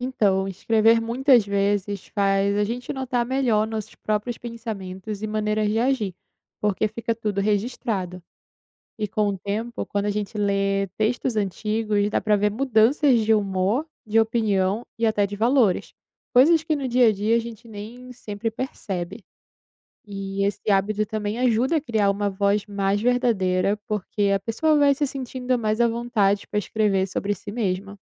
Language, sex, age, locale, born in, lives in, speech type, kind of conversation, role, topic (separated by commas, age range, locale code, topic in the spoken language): Portuguese, female, 20-24, pt-BR, Brazil, Italy, read, expert-assistant, expert, Como a escrita pode ser uma forma de expressão pessoal